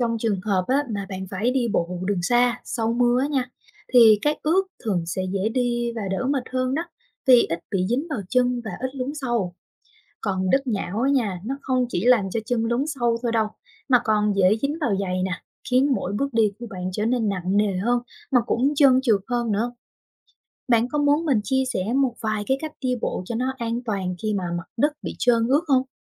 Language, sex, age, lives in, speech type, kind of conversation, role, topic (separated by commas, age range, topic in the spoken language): Vietnamese, female, 25-29, Vietnam, read, expert-assistant, expert, Vì sao khi ta đi bộ trên cát, chân lún sâu hơn so với đi trên đất cứng?